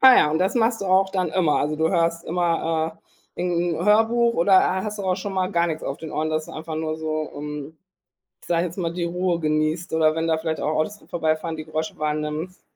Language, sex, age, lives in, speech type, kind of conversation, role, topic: German, female, 40-44, Germany, conversation, podcast, host, Was macht dir beim Spazierengehen besonders Freude?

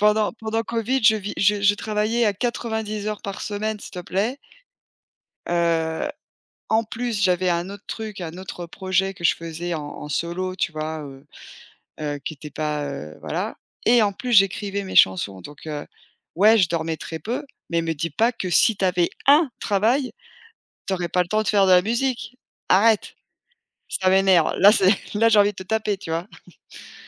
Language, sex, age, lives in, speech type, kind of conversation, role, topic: French, female, 40-44, United States, conversation, unstructured, none, Comment convaincre quelqu’un de croire en votre projet ?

